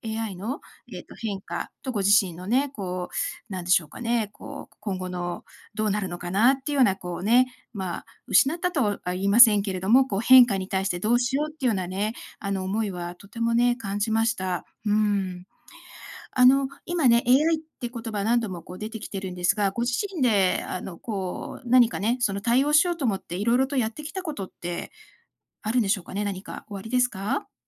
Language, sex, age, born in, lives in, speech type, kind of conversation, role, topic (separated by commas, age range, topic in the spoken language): Japanese, female, 55-59, Japan, United States, conversation, advice, advisor, 変化によって失ったと感じるものを、どうすれば前向きに捉え直せますか？